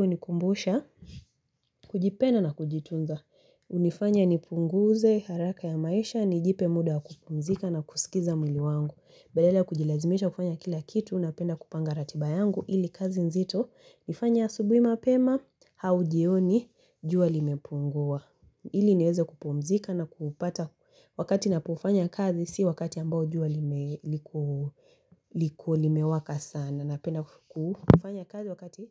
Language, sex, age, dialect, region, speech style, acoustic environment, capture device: Swahili, female, 18-24, Kenya - Mombasa, Kenya, focused, silence, mobile / Linux / Chrome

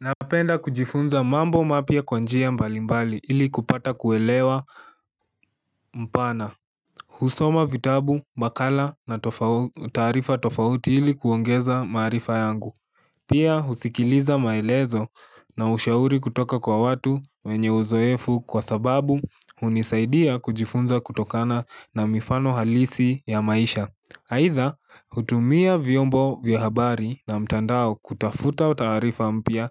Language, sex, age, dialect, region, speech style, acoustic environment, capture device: Swahili, male, 18-24, Kenya - Nairobi Sheng-influenced, Kenya, focused; relaxed, silence, mobile / Linux / Chrome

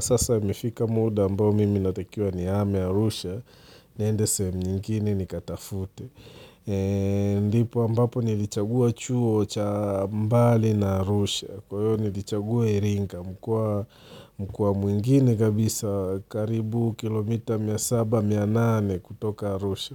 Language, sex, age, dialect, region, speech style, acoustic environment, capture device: Swahili, male, 35-44, Tanzania - Dar es Salaam, Tanzania, relaxed; focused, silence; nature, mobile / Linux / Chrome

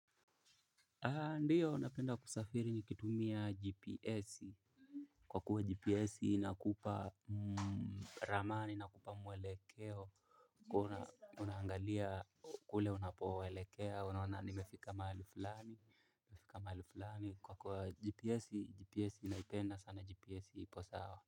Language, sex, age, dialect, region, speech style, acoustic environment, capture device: Swahili, male, 18-24, Kenya - Mombasa, Kenya, relaxed, silence, mobile / Linux / Chrome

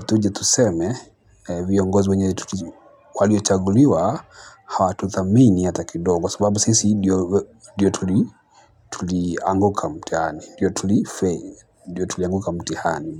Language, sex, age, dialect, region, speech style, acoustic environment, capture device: Swahili, male, 25-34, Kenya - Mombasa, Kenya, nervous; excited, people talking; traffic, mobile / Linux / Chrome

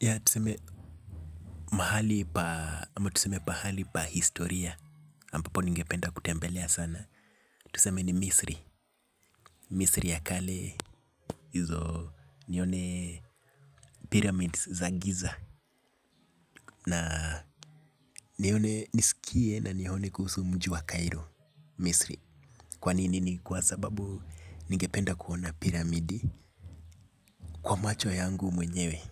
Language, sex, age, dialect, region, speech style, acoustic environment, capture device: Swahili, male, 25-34, Kenya - Nairobi Sheng-influenced, Kenya, relaxed, music; nature, mobile / Linux / Chrome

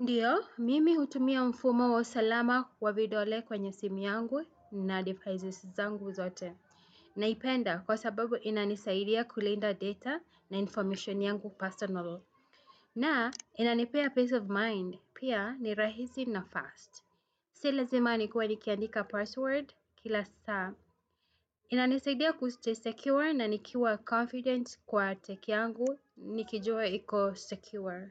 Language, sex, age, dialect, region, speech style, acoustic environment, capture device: Swahili, female, 35-44, Kenya - Nairobi Sheng-influenced, Kenya, happy; anxious, people talking; traffic; music, desktop / Windows / Chrome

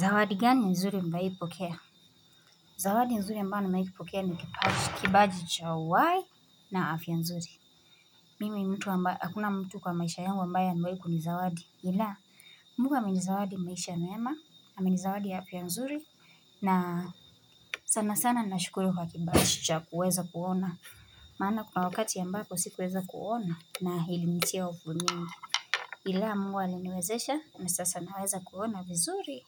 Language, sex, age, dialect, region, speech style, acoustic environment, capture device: Swahili, female, 18-24, Kenya - Nairobi Sheng-influenced, Kenya, focused, silence, mobile / Linux / Chrome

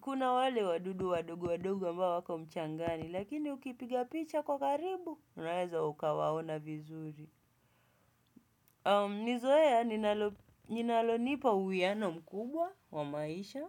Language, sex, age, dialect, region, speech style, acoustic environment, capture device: Swahili, male, 25-34, Kenya - Mombasa, Kenya, happy; focused, silence; nature, desktop / Windows / Chrome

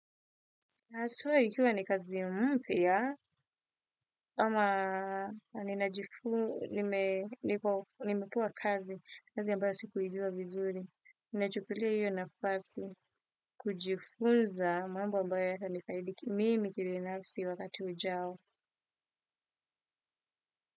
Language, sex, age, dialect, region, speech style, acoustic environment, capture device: Swahili, female, 25-34, Kenya - Nairobi Sheng-influenced, Kenya, focused, silence, desktop / Windows / Firefox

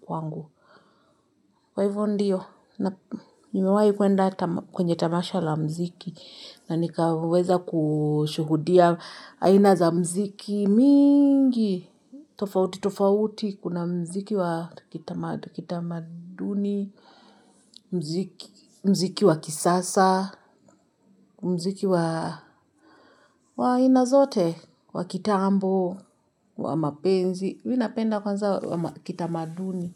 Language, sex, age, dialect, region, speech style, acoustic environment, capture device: Swahili, female, 25-34, Kenya - Nairobi Sheng-influenced, Kenya, relaxed, silence, mobile / Linux / Chrome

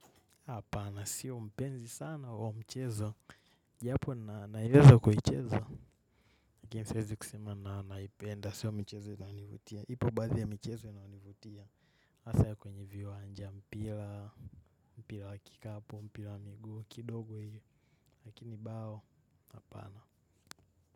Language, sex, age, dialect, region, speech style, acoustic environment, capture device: Swahili, male, 18-24, Kenya - Nairobi Sheng-influenced, Kenya, relaxed, silence, mobile / macOS / Safari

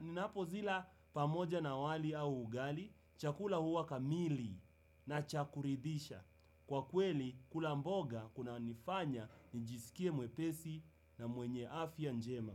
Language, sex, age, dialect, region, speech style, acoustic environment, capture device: Swahili, male, 18-24, Kenya - Nairobi Sheng-influenced, Kenya, happy, people talking; music; nature; silence; appliances; construction; traffic, desktop / Windows / Chrome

